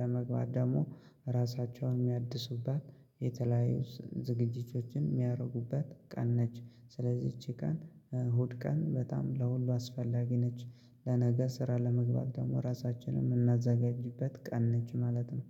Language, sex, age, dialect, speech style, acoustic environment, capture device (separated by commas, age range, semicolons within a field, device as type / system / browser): Amharic, male, 25-34, Ethiopia - Gojjam, happy, silence, mobile / Linux / Chrome